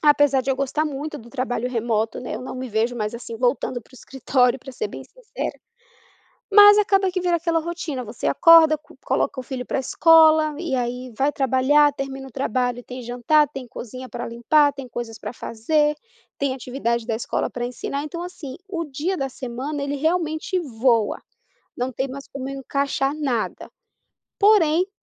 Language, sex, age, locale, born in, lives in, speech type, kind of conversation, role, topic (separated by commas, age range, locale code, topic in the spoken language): Portuguese, female, 30-34, pt-BR, Brazil, United States, conversation, advice, user, Como posso encontrar tempo para fazer atividades prazerosas?